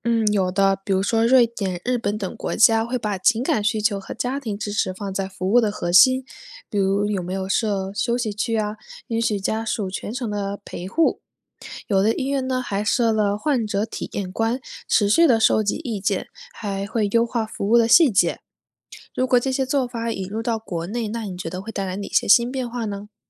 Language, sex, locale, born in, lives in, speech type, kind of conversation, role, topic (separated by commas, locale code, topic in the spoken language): Chinese, female, zh-CN, China, United States, read, expert-assistant, expert, 未来看病会有哪些不一样的体验？我们能怎样让就医过程变得更人性化？